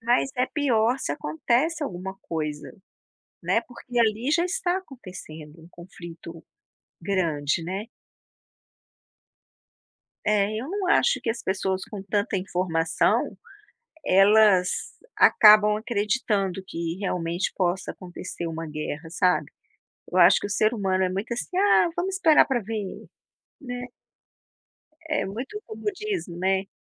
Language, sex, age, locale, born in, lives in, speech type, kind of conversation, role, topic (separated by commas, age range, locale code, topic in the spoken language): Portuguese, female, 55-59, pt-BR, Brazil, United States, conversation, unstructured, none, Por que as guerras continuam acontecendo mesmo com tanta informação disponível?